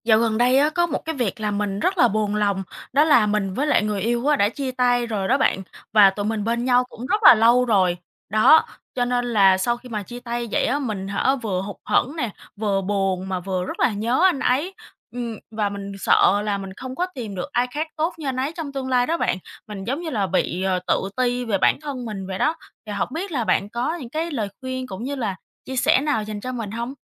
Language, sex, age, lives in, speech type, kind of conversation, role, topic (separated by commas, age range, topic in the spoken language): Vietnamese, female, 25-29, Vietnam, conversation, advice, user, Làm sao để vượt qua cảm giác sợ không tìm được ai khác sau khi chia tay một mối quan hệ lâu năm?